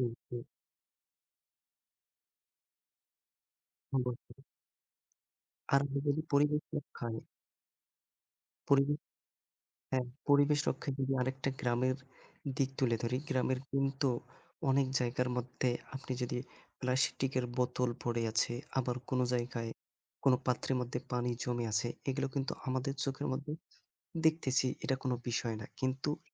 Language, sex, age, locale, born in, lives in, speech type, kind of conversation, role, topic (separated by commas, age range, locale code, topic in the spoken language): Bengali, male, 25-29, bn-BD, Bangladesh, Bangladesh, conversation, unstructured, none, পরিবেশ রক্ষায় ছোট ছোট কাজ কীভাবে সাহায্য করে?